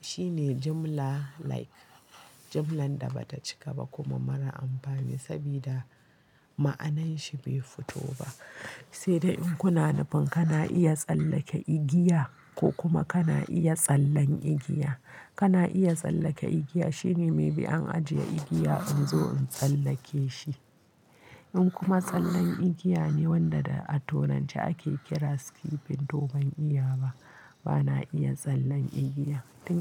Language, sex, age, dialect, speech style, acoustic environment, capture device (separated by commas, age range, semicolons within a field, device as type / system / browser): Hausa, female, 25-34, Nigeria - Kano, tired, nature, mobile / Linux / Chrome